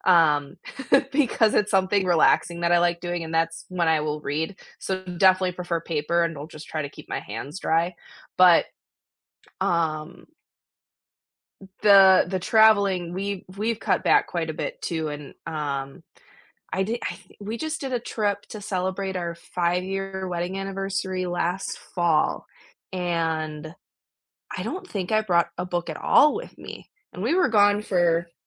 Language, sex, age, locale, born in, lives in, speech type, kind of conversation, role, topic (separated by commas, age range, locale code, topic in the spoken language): English, female, 25-29, en-US, United States, United States, conversation, unstructured, none, These days, do you reach for an e-reader or a physical book, and what experiences shaped that choice?